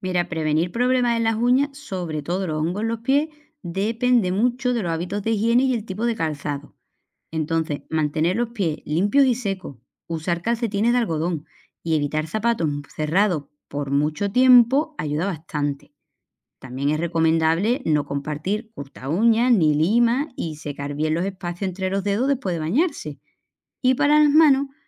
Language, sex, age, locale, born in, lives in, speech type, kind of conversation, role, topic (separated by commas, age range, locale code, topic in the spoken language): Spanish, female, 35-39, es-ES, Spain, Portugal, read, expert-assistant, expert, ¿Qué tipos de problemas pueden atender los dermatólogos?